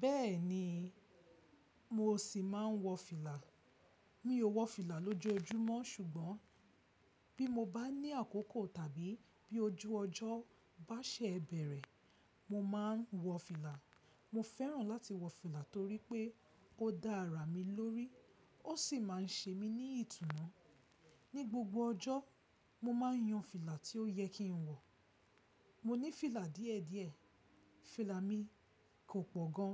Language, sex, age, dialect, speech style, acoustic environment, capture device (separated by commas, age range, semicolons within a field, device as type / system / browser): Yoruba, female, 25-34, Nigeria - Lagos Yoruba, excited, silence, mobile / Linux / Chrome